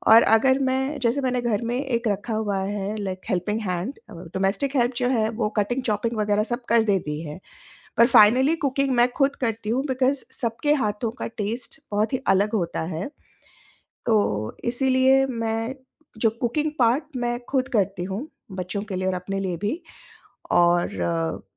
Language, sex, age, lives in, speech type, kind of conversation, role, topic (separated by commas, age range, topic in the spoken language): Hindi, female, 45-49, India, conversation, podcast, guest, Cooking ya baking mein bitaya hua waqt aapke liye sach mein kaam ka kaise ban sakta hai?